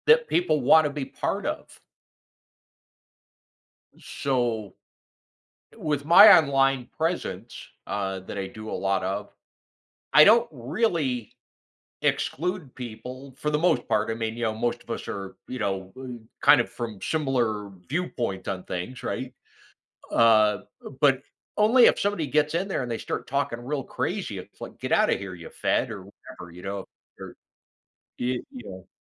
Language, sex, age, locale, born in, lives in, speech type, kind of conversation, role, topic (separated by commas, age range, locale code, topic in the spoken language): English, male, 55-59, en-US, United States, United States, conversation, podcast, guest, What makes a community strong to you?